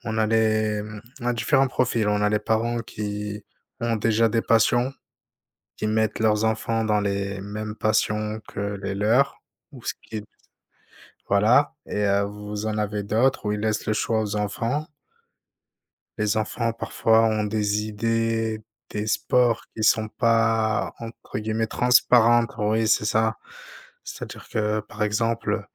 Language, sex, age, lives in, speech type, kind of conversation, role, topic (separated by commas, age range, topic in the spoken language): French, male, 30-34, France, conversation, unstructured, none, Comment le sport t’aide-t-il à gérer le stress ?